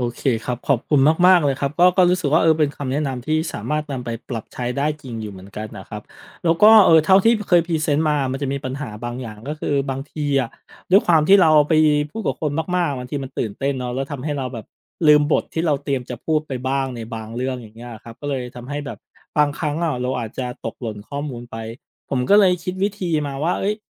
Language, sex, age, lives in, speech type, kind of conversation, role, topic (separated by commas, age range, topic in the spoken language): Thai, male, 35-39, Thailand, conversation, advice, user, คุณกลัวการพูดในที่สาธารณะ เช่น ตอนประชุมหรือนำเสนอใช่ไหม?